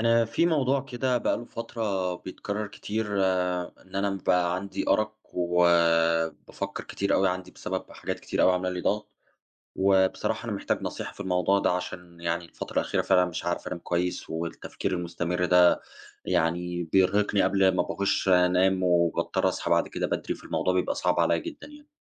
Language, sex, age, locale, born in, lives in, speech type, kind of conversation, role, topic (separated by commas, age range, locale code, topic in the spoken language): Arabic, male, 30-34, ar-EG, Egypt, Germany, conversation, advice, user, إزاي بتتعامل مع الأرق وكتر التفكير بسبب الضغوط؟